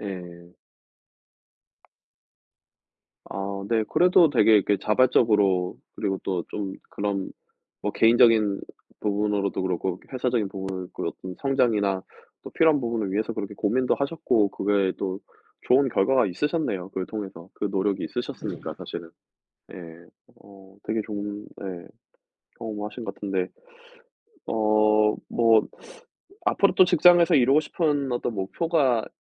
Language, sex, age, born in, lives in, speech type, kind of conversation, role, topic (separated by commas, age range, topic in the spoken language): Korean, male, 35-39, South Korea, United States, conversation, unstructured, none, 직장에서 가장 자랑스러웠던 성취는 무엇인가요?